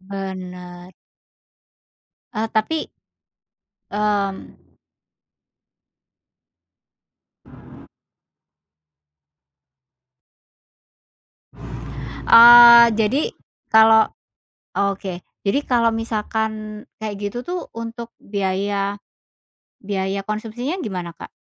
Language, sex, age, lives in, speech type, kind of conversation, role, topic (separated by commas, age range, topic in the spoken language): Indonesian, female, 40-44, Indonesia, conversation, podcast, host, Bagaimana pengalamanmu merayakan tradisi lama di tempat baru?